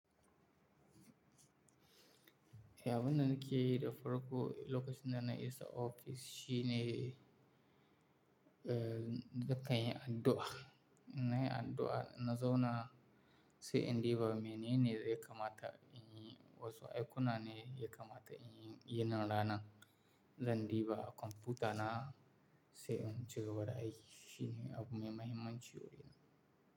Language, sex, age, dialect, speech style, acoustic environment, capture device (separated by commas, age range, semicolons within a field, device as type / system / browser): Hausa, male, 18-24, Nigeria - Kano, tired, appliances, mobile / Linux / Chrome